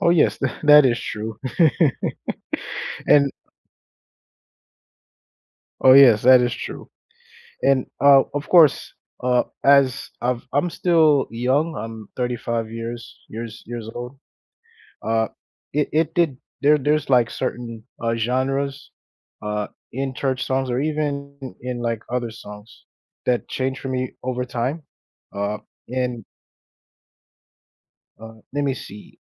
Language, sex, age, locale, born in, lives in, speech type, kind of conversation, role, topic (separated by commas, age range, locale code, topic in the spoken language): English, male, 35-39, en-US, United States, United States, conversation, unstructured, none, What song brings back strong memories for you?